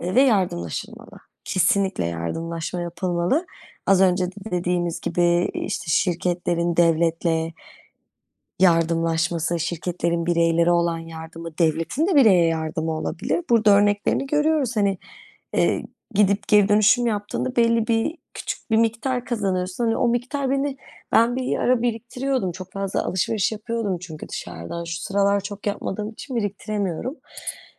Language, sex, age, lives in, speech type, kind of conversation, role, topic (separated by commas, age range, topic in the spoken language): Turkish, female, 25-29, Netherlands, conversation, unstructured, none, Sence insanlar neden çevreyi korumakta zorlanıyor?